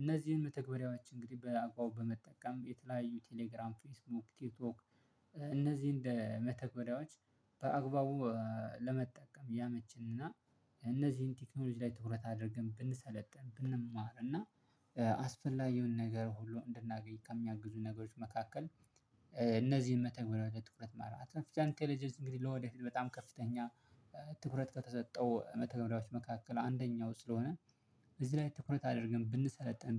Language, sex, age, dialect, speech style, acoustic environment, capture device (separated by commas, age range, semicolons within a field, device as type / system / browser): Amharic, male, 25-34, Ethiopia - Addis Ababa, relaxed, silence, mobile / Linux / Chrome